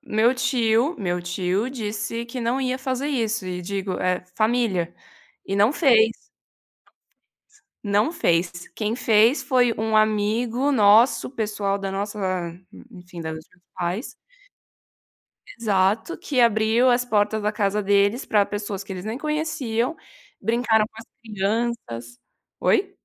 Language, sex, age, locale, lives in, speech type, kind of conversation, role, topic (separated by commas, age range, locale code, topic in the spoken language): Portuguese, female, 20-24, pt-BR, Italy, conversation, podcast, host, Você se lembra de um momento em que os vizinhos se ajudaram muito?